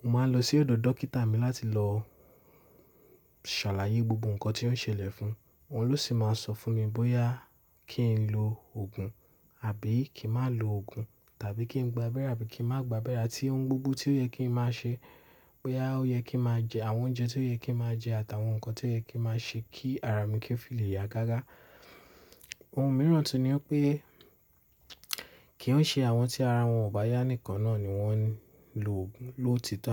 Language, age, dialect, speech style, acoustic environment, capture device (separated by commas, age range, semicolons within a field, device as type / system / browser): Yoruba, 18-24, Nigeria - Lagos Yoruba, relaxed, nature, mobile / Linux / Chrome